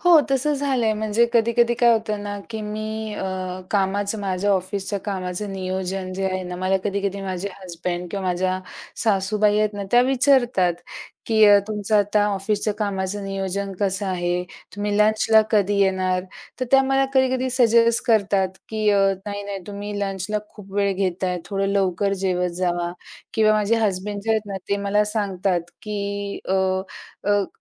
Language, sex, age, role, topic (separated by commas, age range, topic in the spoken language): Marathi, female, 45-49, guest, तुमच्या घरी दररोज सकाळी कोणकोणते रिवाज पाळले जातात?